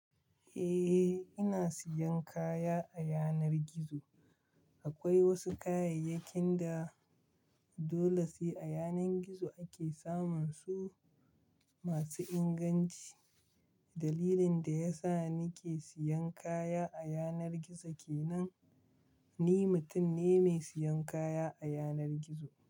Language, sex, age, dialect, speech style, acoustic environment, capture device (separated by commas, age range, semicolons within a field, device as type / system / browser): Hausa, male, 25-34, Nigeria - Kano, relaxed, silence, mobile / Linux / Chrome